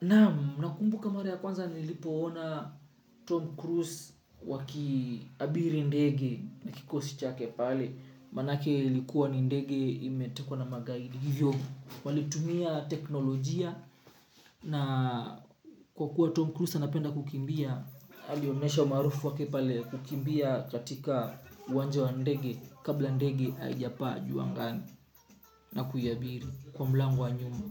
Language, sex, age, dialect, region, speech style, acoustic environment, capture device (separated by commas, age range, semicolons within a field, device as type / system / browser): Swahili, male, 25-34, Kenya - Mombasa, Kenya, relaxed, music; nature, mobile / Linux / Chrome